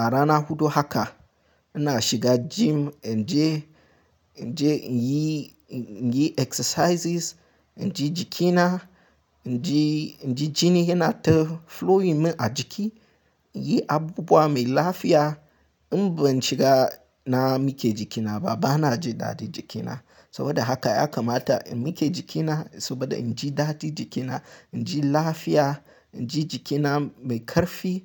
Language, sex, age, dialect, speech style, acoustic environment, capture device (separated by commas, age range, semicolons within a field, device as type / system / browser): Hausa, male, 18-24, Nigeria - Nigerian Standard, happy; excited; focused, silence, mobile / Linux / Chrome